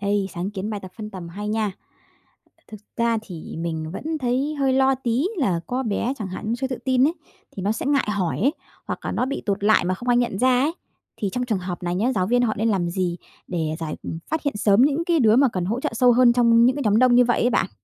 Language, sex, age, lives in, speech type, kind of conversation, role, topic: Vietnamese, female, 30-34, Vietnam, read, expert-assistant, asker, Nếu phải chọn giữa việc đóng cửa trường học hay giữ trường mở trong mùa dịch, bạn sẽ chọn gì? Tại sao?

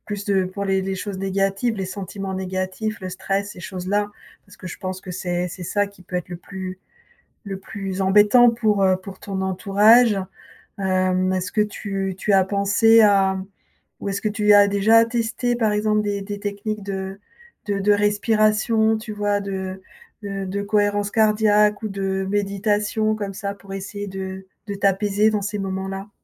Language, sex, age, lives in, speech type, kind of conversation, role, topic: French, female, 55-59, France, conversation, advice, advisor, Comment puis-je calmer des émotions très fortes avant de réagir ?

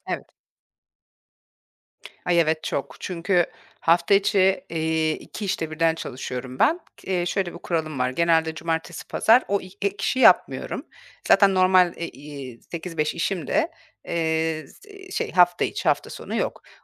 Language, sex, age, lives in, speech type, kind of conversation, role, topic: Turkish, female, 40-44, Portugal, conversation, advice, user, Hafta içi ve hafta sonu uyku saatleriniz neden birbirini tutmuyor?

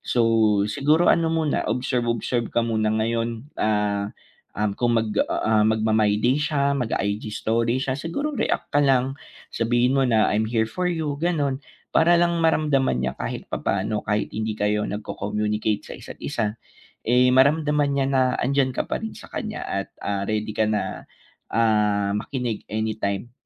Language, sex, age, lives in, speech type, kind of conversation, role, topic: Filipino, male, 25-29, Philippines, conversation, advice, advisor, Paano ako magiging nandiyan para sa kaibigan ko sa oras ng krisis?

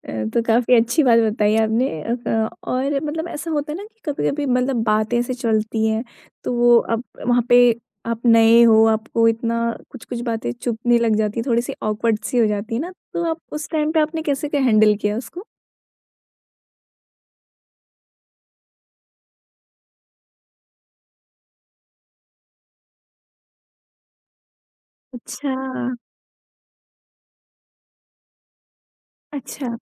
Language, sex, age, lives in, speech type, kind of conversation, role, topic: Hindi, female, 20-24, India, conversation, podcast, host, Kisne tumhe apne ghar par family ke saath dinner ke liye bulaya tha, aur wahan ki baatein kaisi rahi?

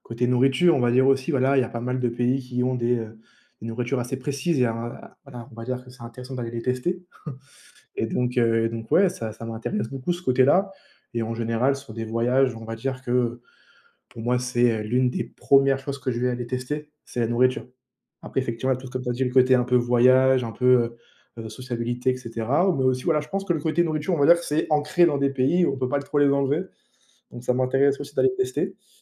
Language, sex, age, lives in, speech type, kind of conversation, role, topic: French, male, 20-24, France, conversation, unstructured, none, Qu’est-ce qui rend un voyage vraiment inoubliable ?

